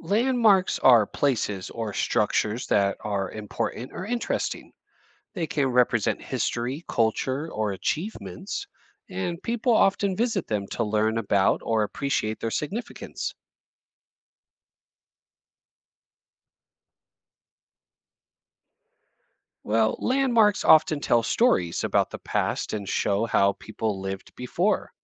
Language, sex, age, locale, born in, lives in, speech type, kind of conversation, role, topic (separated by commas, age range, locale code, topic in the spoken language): English, male, 35-39, en-US, United States, United States, read, expert-assistant, expert, How do landmarks represent cultural identity?